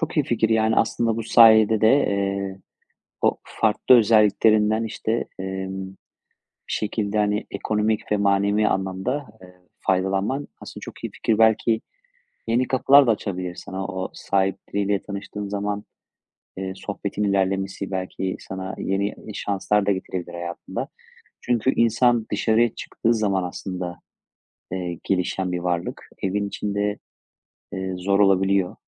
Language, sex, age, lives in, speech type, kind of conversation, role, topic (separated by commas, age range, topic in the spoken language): Turkish, male, 35-39, Spain, conversation, podcast, host, Gençken kendine ne söylemek isterdin?